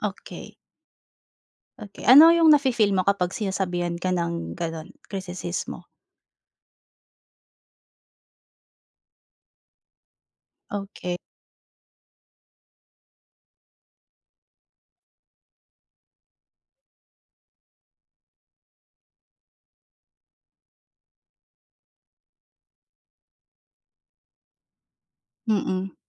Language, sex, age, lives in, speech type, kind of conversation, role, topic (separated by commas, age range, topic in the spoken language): Filipino, female, 25-29, Philippines, conversation, advice, advisor, Paano ko pamamahalaan ang aking emosyon pagkatapos makatanggap ng kritisismo?